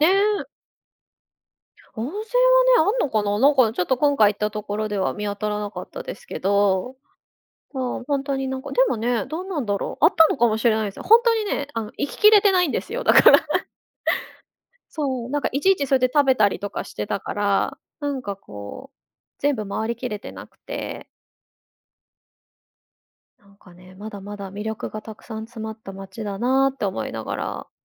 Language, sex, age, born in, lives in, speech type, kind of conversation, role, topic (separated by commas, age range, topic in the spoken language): Japanese, female, 35-39, Japan, Japan, conversation, podcast, guest, 旅先で食べて感動した料理は何ですか？